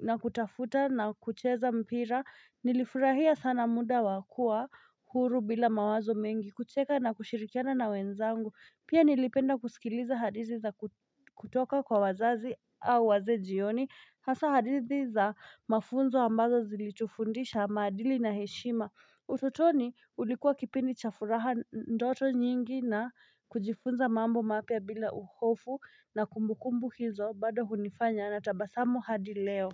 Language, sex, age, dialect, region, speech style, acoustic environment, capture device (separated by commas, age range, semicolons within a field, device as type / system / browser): Swahili, female, 25-34, Kenya - Nairobi Sheng-influenced, Kenya, excited, nature, mobile / Linux / Chrome